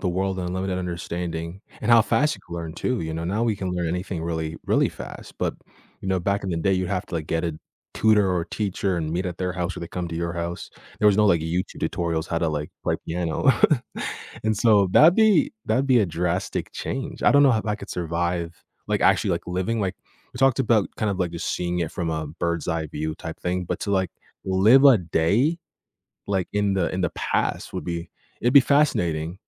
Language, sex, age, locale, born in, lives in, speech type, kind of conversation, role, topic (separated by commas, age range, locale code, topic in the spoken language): English, male, 18-19, en-US, Canada, United States, conversation, unstructured, none, Would you rather travel back in time or see the future?